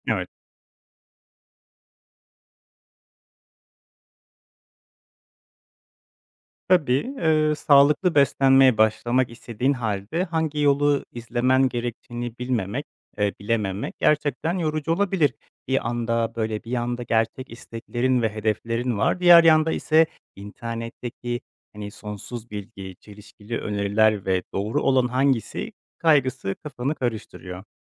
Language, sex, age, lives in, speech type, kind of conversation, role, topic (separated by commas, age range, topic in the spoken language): Turkish, male, 25-29, Poland, conversation, advice, advisor, Sağlıklı beslenmeye nasıl başlayacağımı bilmiyorum